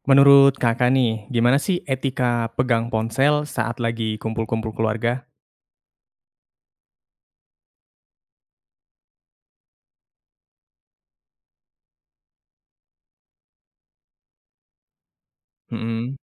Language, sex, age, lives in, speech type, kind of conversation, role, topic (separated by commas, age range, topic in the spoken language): Indonesian, male, 20-24, Indonesia, conversation, podcast, host, Bagaimana etika menggunakan ponsel saat berkumpul bersama keluarga?